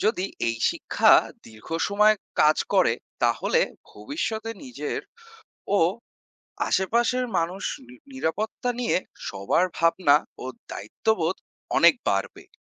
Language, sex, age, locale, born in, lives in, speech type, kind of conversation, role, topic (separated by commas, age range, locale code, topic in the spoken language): Bengali, male, 25-29, bn-BD, Bangladesh, Bangladesh, read, expert-assistant, expert, সড়ক নিরাপত্তা শিক্ষার প্রয়োজনীয়তা